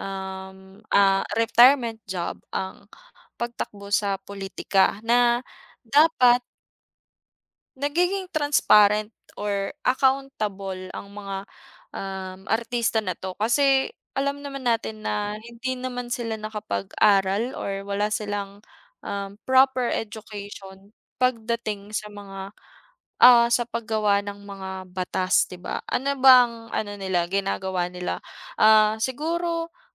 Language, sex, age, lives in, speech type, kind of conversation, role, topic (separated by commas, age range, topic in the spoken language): Filipino, female, 25-29, Philippines, conversation, unstructured, none, Bakit may mga taong nawawalan ng tiwala sa politika?